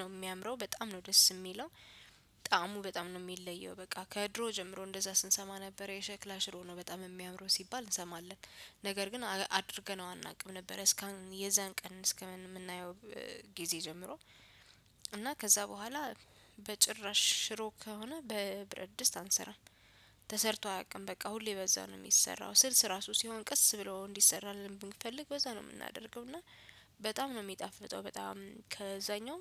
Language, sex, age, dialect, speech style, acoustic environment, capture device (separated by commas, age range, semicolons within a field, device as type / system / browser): Amharic, female, 25-34, Ethiopia - Addis Ababa, relaxed, music, desktop / Windows / Chrome